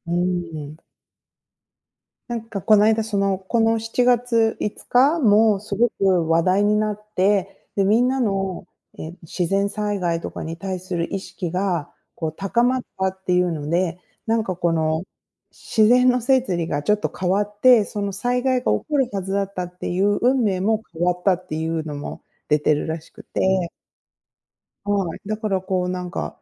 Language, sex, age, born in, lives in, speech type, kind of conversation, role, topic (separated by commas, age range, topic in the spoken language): Japanese, female, 40-44, Japan, United States, conversation, unstructured, none, 最近のニュースの中で、いちばん気になったことは何ですか？